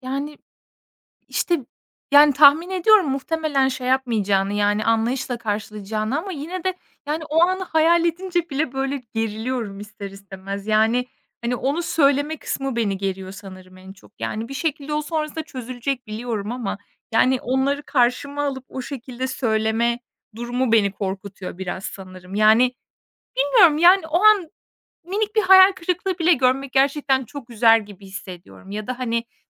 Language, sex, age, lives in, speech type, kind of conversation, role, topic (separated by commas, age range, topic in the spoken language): Turkish, female, 25-29, Estonia, conversation, advice, user, Kredi kartı borcunuz nasıl birikti ve bu durum size nasıl bir utanç duygusu yaşattı?